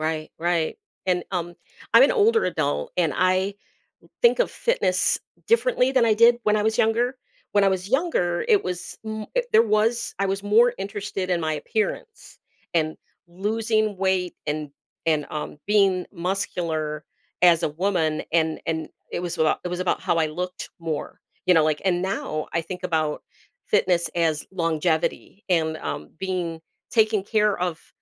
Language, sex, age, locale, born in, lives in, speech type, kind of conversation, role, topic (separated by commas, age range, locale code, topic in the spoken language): English, female, 55-59, en-US, United States, United States, conversation, podcast, host, How can regular physical activity support our mental well-being?